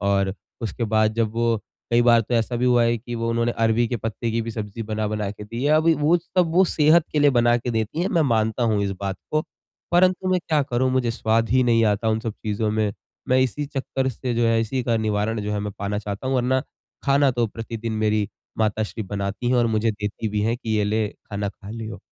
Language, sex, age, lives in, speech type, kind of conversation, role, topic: Hindi, male, 20-24, India, conversation, advice, user, मैं हर सप्ताह फास्ट फूड खाने की आवृत्ति कैसे कम कर सकता/सकती हूँ?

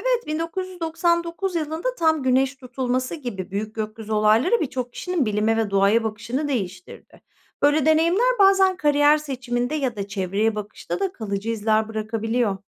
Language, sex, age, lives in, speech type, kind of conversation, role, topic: Turkish, female, 45-49, Netherlands, read, expert-assistant, expert, Ay tutulması veya göktaşı yağmuru gibi gök olayları, geçmişte farklı toplumlarda nasıl yorumlanmıştı? Günümüzde astrofizik bu bakış açısını nasıl değiştiriyor?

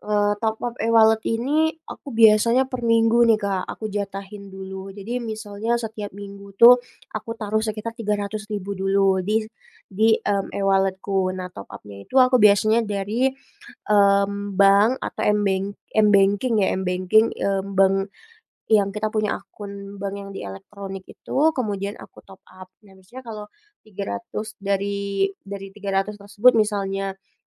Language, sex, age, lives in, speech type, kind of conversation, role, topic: Indonesian, female, 25-29, Indonesia, conversation, podcast, guest, Bagaimana pengalaman Anda menggunakan dompet elektronik dan pembayaran digital?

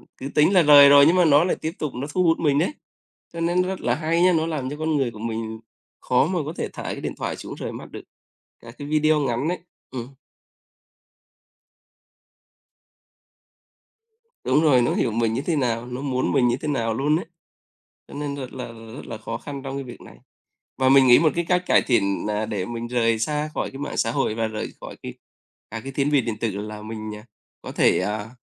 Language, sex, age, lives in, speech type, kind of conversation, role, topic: Vietnamese, male, 35-39, Vietnam, conversation, unstructured, none, Bạn nghĩ gì về ảnh hưởng của mạng xã hội trong đời sống hằng ngày?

